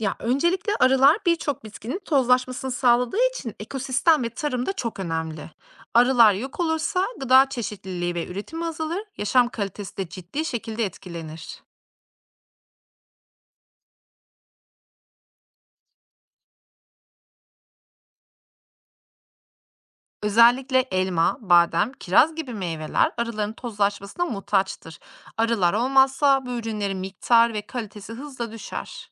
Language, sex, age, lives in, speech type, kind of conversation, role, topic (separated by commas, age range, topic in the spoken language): Turkish, female, 35-39, Austria, read, expert-assistant, expert, Arıların yok olmasının gerçekten insanlığın sonunu getireceği doğru mu?